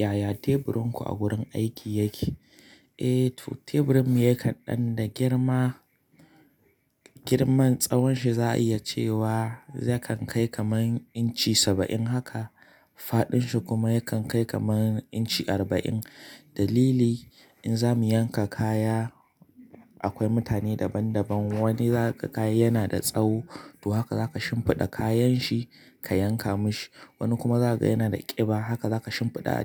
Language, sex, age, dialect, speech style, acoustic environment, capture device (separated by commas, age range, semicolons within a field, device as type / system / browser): Hausa, male, 25-34, Nigeria - Kano, happy; relaxed; focused; excited, nature, mobile / Linux / Chrome